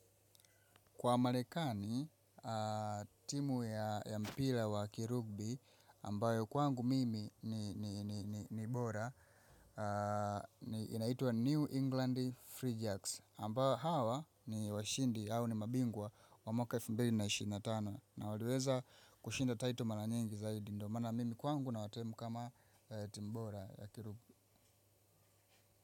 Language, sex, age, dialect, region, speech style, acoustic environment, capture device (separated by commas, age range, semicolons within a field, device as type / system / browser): Swahili, female, 25-34, Tanzania - Dar es Salaam, Tanzania, focused; excited; relaxed, silence, mobile / macOS / Safari